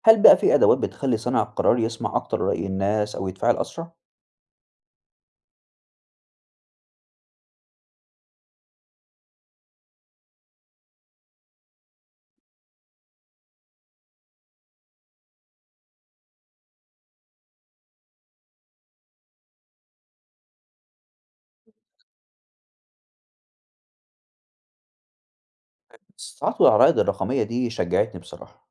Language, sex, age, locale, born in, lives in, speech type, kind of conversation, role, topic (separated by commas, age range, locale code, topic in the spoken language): Arabic, male, 20-24, ar-EG, Egypt, Egypt, read, expert-assistant, asker, إزاي أقدر أعرف نظام الحكم اللي يخلّي صوت الناس مسموع وحقوقهم محفوظة؟